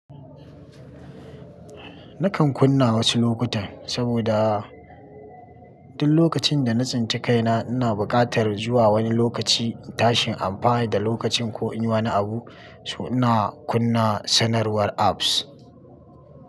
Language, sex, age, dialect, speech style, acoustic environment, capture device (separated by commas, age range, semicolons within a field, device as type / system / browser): Hausa, male, 25-34, Nigeria - Kano, happy; excited, nature; construction, mobile / Linux / Chrome